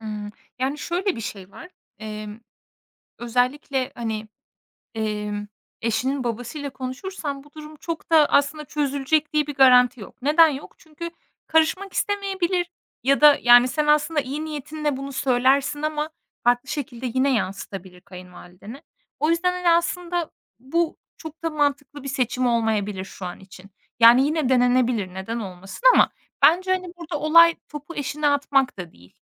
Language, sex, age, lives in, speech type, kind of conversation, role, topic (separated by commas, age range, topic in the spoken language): Turkish, female, 25-29, Estonia, conversation, advice, advisor, Kayınvalideniz aile kararlarınıza ne ölçüde karışıyor ve bu size nasıl hissettiriyor?